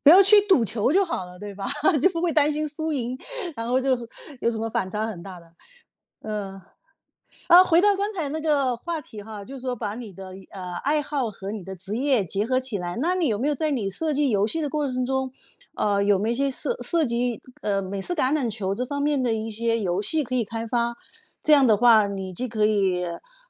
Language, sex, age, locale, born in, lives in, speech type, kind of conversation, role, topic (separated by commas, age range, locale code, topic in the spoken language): Chinese, female, 50-54, zh-CN, China, United States, conversation, podcast, host, 你是如何把兴趣变成职业的？